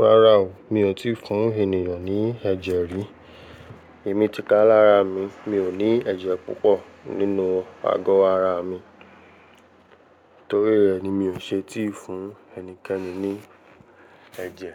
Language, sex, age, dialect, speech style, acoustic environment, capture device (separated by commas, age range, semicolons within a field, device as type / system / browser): Yoruba, male, 18-24, Nigeria - Lagos Yoruba, relaxed, people talking; traffic, mobile / Linux / Chrome